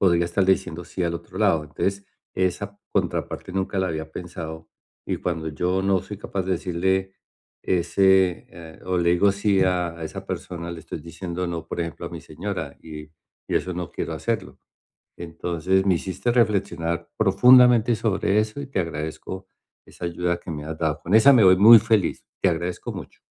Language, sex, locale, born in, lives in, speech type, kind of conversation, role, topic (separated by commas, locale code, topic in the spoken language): Spanish, male, es-CO, Colombia, United States, conversation, advice, user, ¿Cómo puedo decir no con confianza sin sentirme culpable ni incómodo?